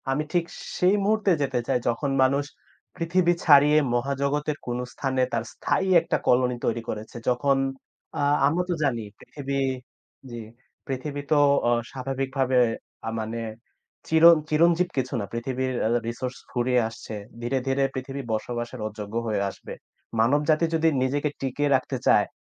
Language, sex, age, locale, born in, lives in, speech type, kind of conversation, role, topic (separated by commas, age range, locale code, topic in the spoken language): Bengali, male, 20-24, bn-BD, Bangladesh, Bangladesh, conversation, unstructured, none, যদি তুমি সময় ভ্রমণ করতে পারতে, তাহলে কোন সময়ে যেতে চাইতে?